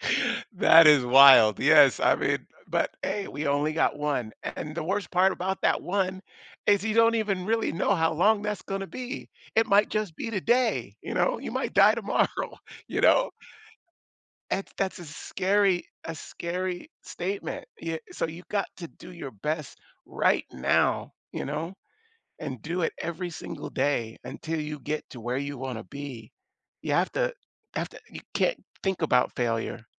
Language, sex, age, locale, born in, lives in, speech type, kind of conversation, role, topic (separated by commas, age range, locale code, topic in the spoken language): English, male, 55-59, en-US, United States, United States, conversation, podcast, guest, How can setbacks help us grow and move closer to our goals?